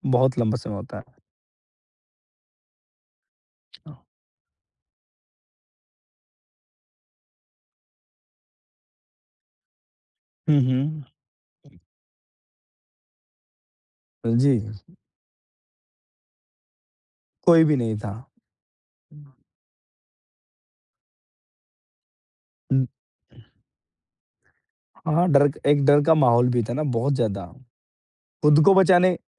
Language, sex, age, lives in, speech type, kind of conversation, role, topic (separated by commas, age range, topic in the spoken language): Hindi, male, 35-39, India, conversation, podcast, host, Setbacks aane par tum motivate kaise rehte ho aur aage kaise badhte rehte ho?